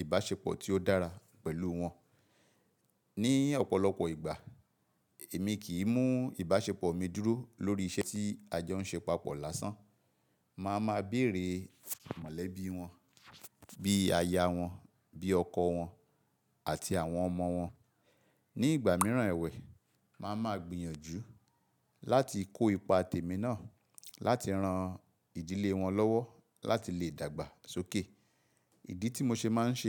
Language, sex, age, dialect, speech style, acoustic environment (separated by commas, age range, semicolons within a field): Yoruba, male, 45-54, Nigeria - Ibadan, stressed, silence